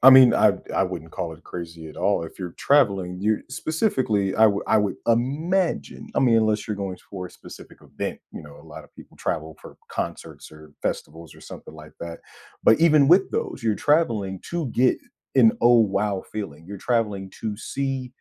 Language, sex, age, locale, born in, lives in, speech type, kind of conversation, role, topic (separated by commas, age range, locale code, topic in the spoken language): English, male, 30-34, en-US, United States, United States, conversation, podcast, host, What do you think turns an ordinary trip into a lasting memory?